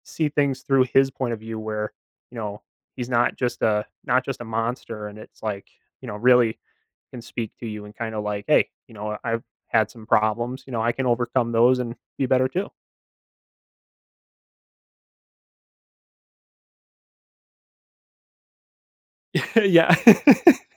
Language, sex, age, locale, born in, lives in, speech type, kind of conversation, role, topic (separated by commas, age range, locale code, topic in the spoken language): English, male, 25-29, en-US, United States, United States, conversation, podcast, guest, Why do some stories stay with us long after we finish reading them?